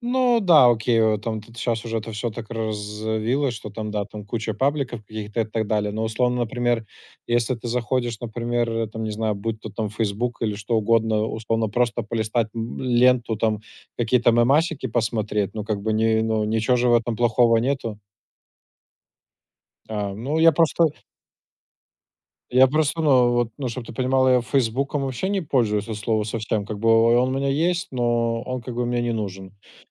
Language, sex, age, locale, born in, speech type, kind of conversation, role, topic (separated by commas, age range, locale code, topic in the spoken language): Russian, male, 25-29, ru-RU, Belarus, conversation, unstructured, none, Какие плюсы и минусы есть у социальных сетей?